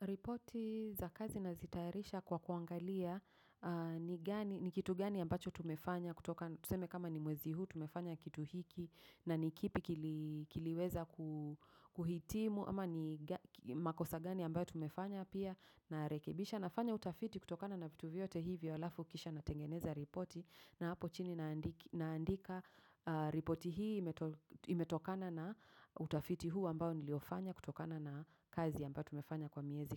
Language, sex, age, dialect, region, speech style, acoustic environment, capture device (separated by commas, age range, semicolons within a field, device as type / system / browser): Swahili, female, 35-44, Kenya - Nairobi Sheng-influenced, Kenya, focused, traffic; silence, mobile / macOS / Safari